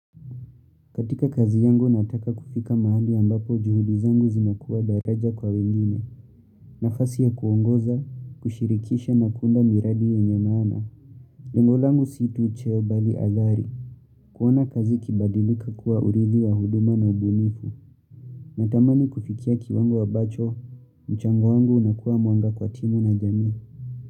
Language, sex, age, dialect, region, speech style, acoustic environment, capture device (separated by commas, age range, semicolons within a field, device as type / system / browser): Swahili, male, 18-24, Kenya - Nairobi Sheng-influenced, Kenya, relaxed, nature; appliances, mobile / Linux / Chrome